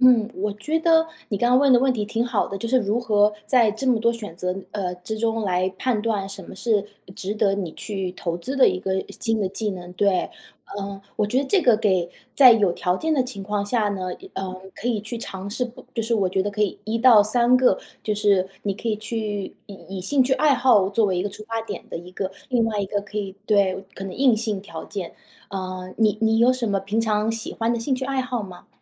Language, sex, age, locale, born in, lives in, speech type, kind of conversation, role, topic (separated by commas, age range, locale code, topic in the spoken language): Chinese, female, 30-34, zh-CN, China, United States, conversation, advice, advisor, 我想为了追求更真实的自我而换工作，但又担心风险，该怎么办？